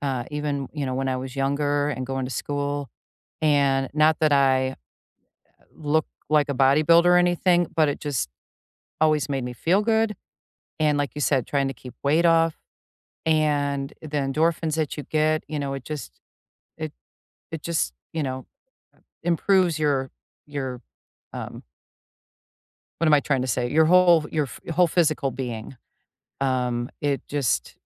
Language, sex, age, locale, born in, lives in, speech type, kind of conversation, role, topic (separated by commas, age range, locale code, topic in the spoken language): English, female, 55-59, en-US, United States, United States, conversation, unstructured, none, What small daily habit has recently brightened your days, and why does it help you?